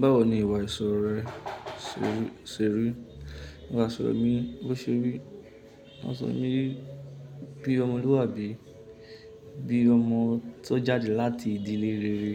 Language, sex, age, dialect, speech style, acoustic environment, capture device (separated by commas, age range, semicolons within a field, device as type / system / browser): Yoruba, male, 25-34, Nigeria - Lagos Yoruba, happy, silence, mobile / Linux / Chrome